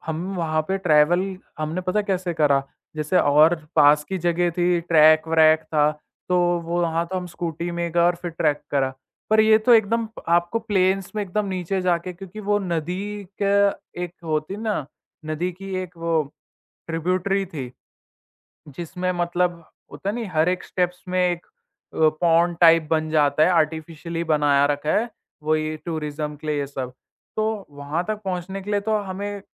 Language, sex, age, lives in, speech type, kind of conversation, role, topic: Hindi, male, 18-19, India, conversation, podcast, guest, Budget-friendly outdoor trips ka plan tum kaise banate ho?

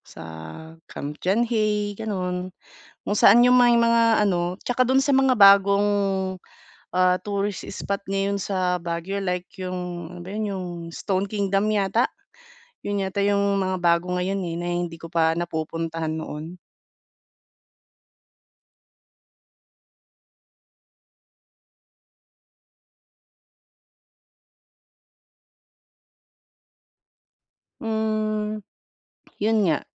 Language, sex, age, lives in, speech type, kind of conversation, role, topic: Filipino, female, 30-34, Philippines, conversation, advice, user, Paano ako makakapag-ipon at makakagawa ng badyet para sa bakasyon?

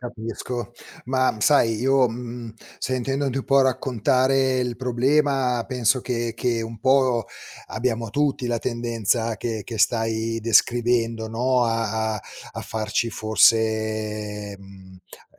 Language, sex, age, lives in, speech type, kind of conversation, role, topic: Italian, male, 50-54, Italy, conversation, advice, advisor, Come posso gestire i pensieri ansiosi che mi impediscono di dormire?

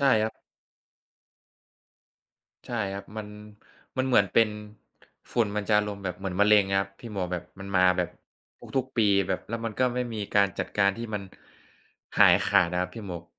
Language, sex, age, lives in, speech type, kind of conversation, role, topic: Thai, male, 20-24, Thailand, conversation, unstructured, none, คุณคิดว่าเทคโนโลยีเปลี่ยนแปลงโลกอย่างไรบ้าง?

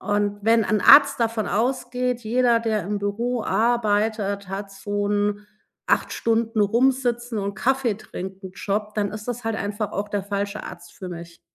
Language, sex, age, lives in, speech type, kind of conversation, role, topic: German, female, 40-44, Germany, conversation, podcast, guest, Welche kleinen Signale deines Körpers ignorierst du im Alltag oft?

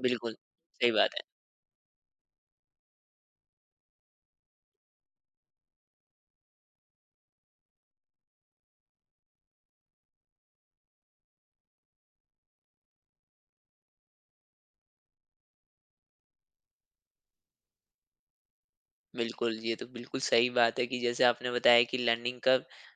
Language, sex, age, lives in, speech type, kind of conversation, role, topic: Hindi, male, 25-29, India, conversation, podcast, host, Aakhir mein, tumhare liye seekhne ki sabse badi khushi kya hoti hai?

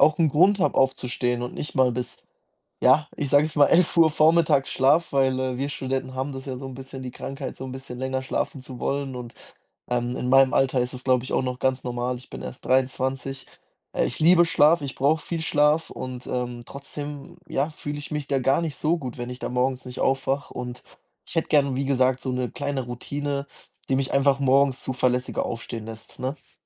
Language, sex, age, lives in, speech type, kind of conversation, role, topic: German, male, 20-24, Germany, conversation, advice, user, Wie kann ich eine morgendliche Routine aufbauen und morgens zuverlässig aufstehen?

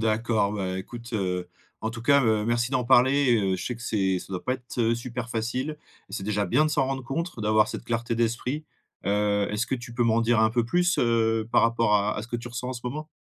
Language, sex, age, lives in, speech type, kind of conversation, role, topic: French, male, 35-39, France, conversation, advice, advisor, Comment créer une routine quotidienne qui renforce ma discipline ?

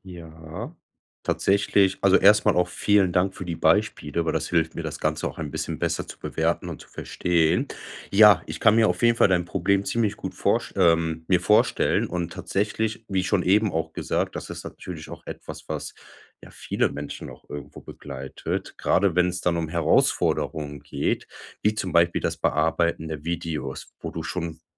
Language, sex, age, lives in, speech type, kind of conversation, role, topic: German, male, 25-29, Germany, conversation, advice, advisor, Wie finde ich wieder Motivation, unvollendete Projekte fertigzustellen?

